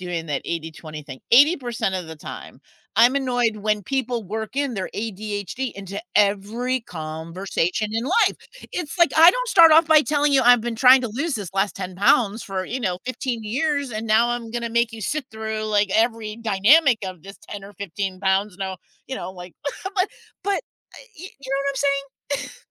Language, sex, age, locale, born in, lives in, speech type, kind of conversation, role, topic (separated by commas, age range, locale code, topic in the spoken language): English, female, 60-64, en-US, United States, United States, conversation, unstructured, none, How do I talk to people about mental health naturally?